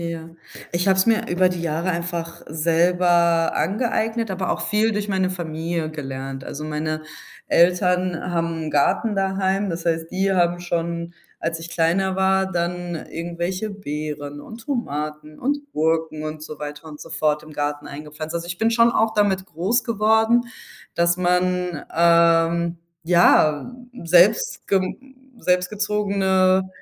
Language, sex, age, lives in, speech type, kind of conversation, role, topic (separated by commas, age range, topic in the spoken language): German, female, 25-29, Spain, conversation, podcast, guest, Welche Rolle spielen Pflanzen bei der Gestaltung deines Wohnraums?